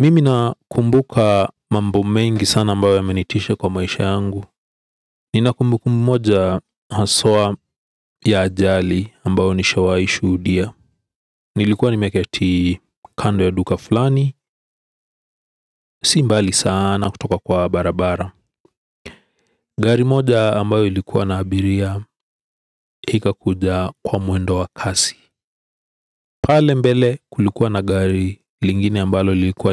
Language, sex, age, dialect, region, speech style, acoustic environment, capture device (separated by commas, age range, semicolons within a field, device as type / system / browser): Swahili, male, 35-44, Kenya - Nairobi Sheng-influenced, Kenya, relaxed, silence; people talking; appliances, desktop / Windows / Edge